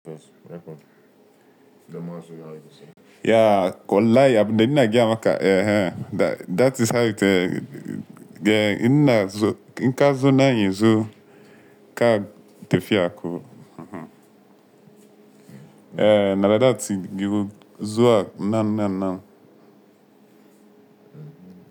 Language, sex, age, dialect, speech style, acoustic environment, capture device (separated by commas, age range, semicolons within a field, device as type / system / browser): Hausa, male, 25-34, Nigeria - Nigerian Standard, relaxed, appliances, mobile / Linux / Chrome